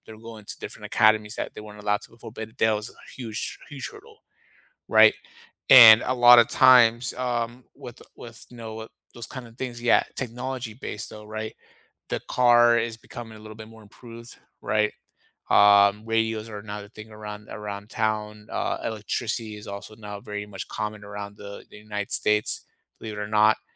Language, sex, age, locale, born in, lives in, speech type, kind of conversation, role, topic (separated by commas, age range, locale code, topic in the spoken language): English, male, 30-34, en-US, United States, United States, conversation, unstructured, none, What was life like 100 years ago?